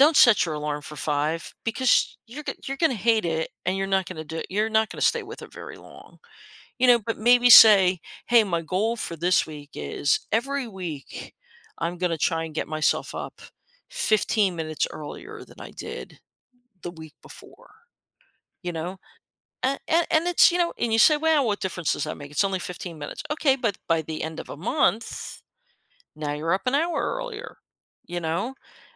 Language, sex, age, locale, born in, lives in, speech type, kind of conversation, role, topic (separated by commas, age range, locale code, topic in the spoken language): English, female, 55-59, en-US, United States, United States, conversation, podcast, guest, What morning habits help you feel your best throughout the day?